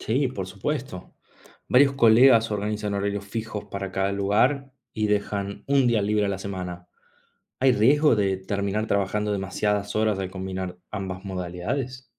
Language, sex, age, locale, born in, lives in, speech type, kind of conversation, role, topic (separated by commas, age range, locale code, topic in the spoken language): Spanish, male, 30-34, es-AR, Argentina, Italy, read, expert-assistant, asker, ¿Cómo cambia la vida y el bienestar de los médicos al especializarse?